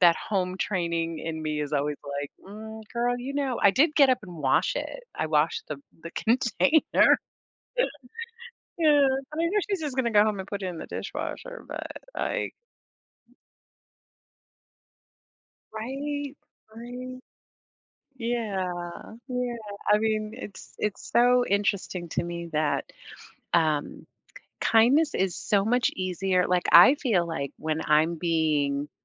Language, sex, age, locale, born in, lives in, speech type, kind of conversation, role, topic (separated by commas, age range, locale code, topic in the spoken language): English, female, 55-59, en-US, United States, United States, conversation, unstructured, none, How does showing or receiving kindness affect your overall happiness?